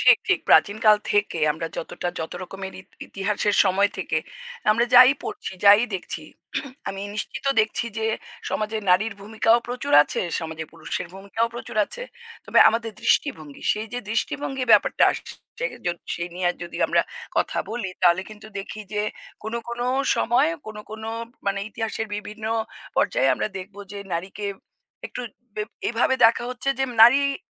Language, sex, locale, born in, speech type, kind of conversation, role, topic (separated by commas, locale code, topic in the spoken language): Bengali, female, bn-IN, India, conversation, unstructured, none, আপনার দৃষ্টিতে সমাজে নারী-পুরুষ সমতা কতটা জরুরি?